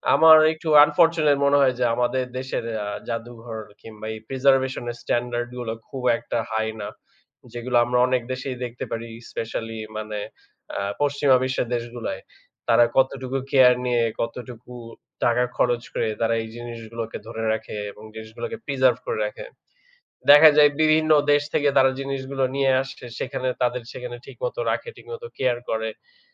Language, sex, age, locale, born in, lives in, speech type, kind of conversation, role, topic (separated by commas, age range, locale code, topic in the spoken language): Bengali, male, 25-29, bn-BD, Bangladesh, Bangladesh, conversation, unstructured, none, ভ্রমণের সময় স্থানীয় মানুষের সংস্কৃতির সঙ্গে পরিচিত হওয়ার সেরা উপায় কী?